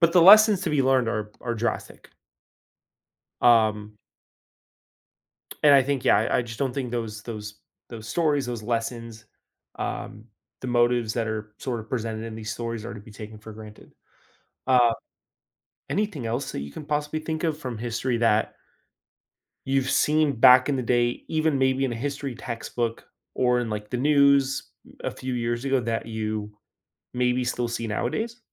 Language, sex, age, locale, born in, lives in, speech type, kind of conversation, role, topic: English, male, 20-24, en-US, United States, United States, conversation, podcast, host, How can reflecting on history help us make better choices today?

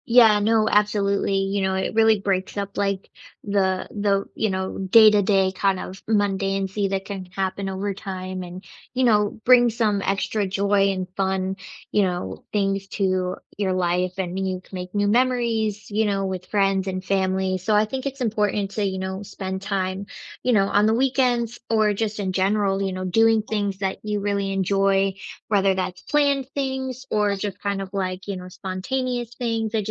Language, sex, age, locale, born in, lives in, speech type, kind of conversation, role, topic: English, female, 25-29, en-US, United States, United States, conversation, unstructured, none, What weekend rituals have been recharging you lately?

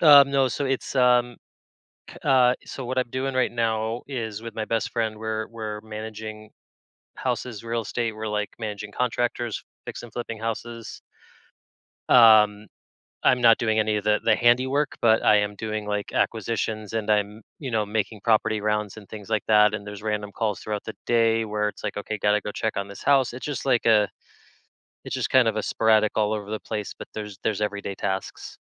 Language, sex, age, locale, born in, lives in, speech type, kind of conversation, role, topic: English, male, 35-39, en-US, United States, United States, conversation, advice, user, How can I manage stress and stay focused when juggling many competing priorities?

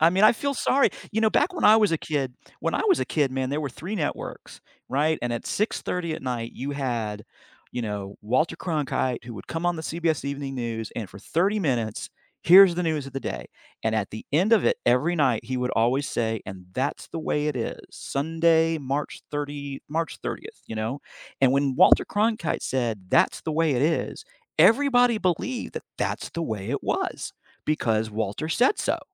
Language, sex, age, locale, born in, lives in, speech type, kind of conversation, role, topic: English, male, 55-59, en-US, United States, United States, conversation, unstructured, none, What helps you decide whether to trust information you see in the news?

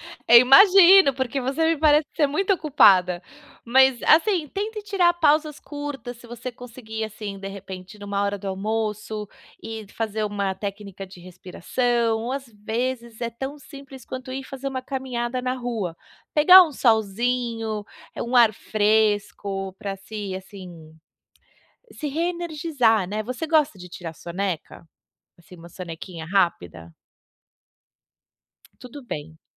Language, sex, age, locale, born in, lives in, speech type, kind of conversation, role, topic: Portuguese, female, 35-39, pt-BR, Brazil, United States, conversation, advice, advisor, Como posso recarregar minha energia mental no dia a dia?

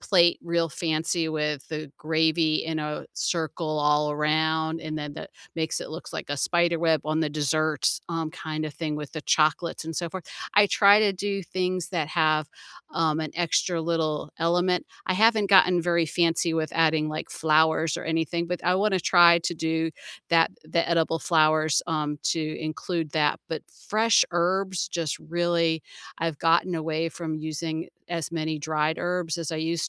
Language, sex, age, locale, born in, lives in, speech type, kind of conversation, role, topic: English, female, 55-59, en-US, United States, United States, conversation, unstructured, none, What small rituals and finishing touches make your home-cooked meals feel special?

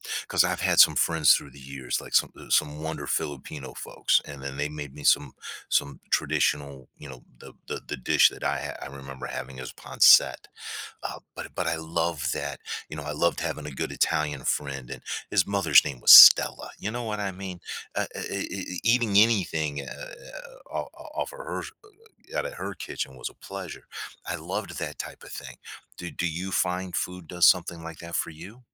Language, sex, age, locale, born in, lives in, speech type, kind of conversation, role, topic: English, male, 55-59, en-US, United States, United States, conversation, podcast, host, How does food bring people together?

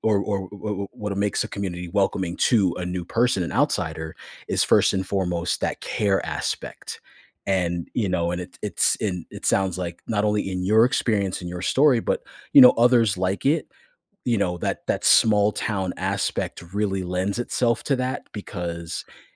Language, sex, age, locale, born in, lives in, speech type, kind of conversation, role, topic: English, male, 35-39, en-US, United States, United States, conversation, podcast, host, What helps people feel included and comfortable when joining a new community?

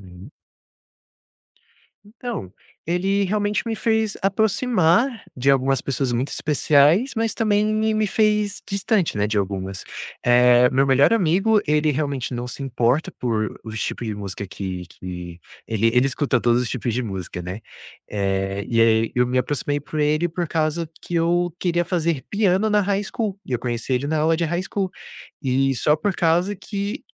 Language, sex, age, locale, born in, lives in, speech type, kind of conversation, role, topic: Portuguese, male, 20-24, pt-BR, Brazil, United States, conversation, podcast, guest, Como surgiu o seu gosto musical?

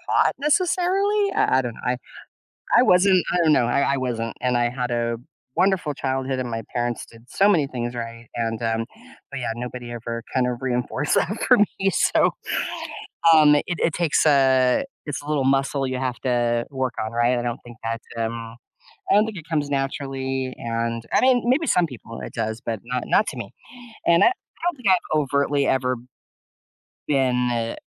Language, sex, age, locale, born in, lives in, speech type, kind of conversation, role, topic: English, female, 55-59, en-US, United States, United States, conversation, advice, user, How can I set and maintain healthy boundaries without feeling guilty?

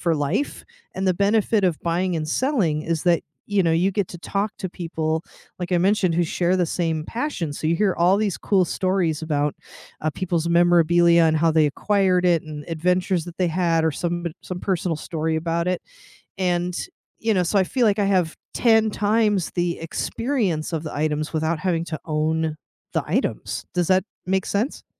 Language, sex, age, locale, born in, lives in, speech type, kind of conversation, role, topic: English, female, 40-44, en-US, United States, United States, conversation, unstructured, none, How has your idea of home changed since childhood, and what has shaped it?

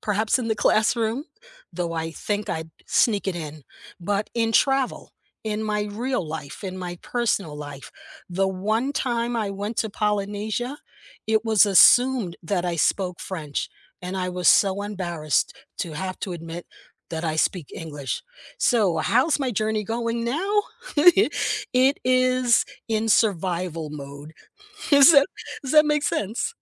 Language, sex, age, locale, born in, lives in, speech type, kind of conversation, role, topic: English, female, 70-74, en-US, United States, United States, conversation, unstructured, none, What skill are you learning right now, what inspired you to start, and how is it going so far?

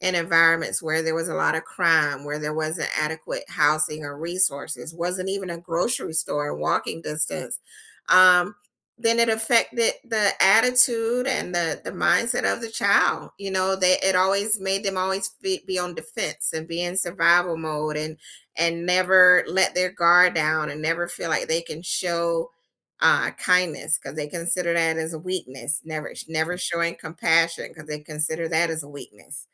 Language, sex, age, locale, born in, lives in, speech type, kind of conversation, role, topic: English, female, 50-54, en-US, United States, United States, conversation, unstructured, none, What was the biggest surprise you encountered in your first job?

